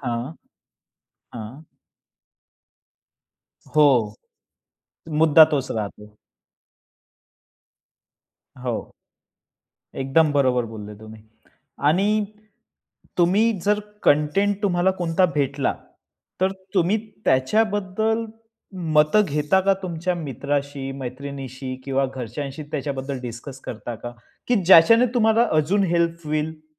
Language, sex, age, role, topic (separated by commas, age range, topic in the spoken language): Marathi, male, 25-29, host, मजकूर निवड व संपादन करताना सर्वात महत्त्वाचे काय असते?